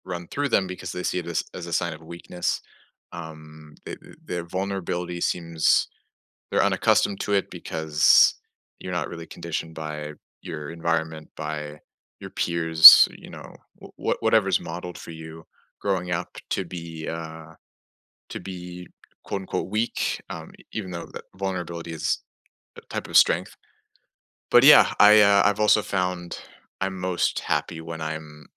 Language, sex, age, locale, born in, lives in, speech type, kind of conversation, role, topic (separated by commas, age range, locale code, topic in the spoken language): English, male, 20-24, en-US, United States, United States, conversation, unstructured, none, What everyday habits make your day run more smoothly?